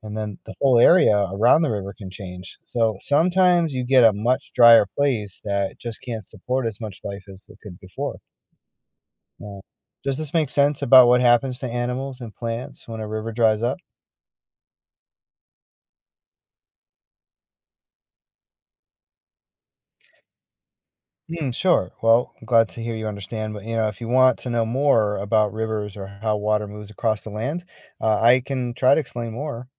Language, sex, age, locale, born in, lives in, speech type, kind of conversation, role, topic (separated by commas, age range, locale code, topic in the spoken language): English, male, 45-49, en-US, United States, United States, read, expert-assistant, expert, Why do some rivers reach the ocean and others dry up?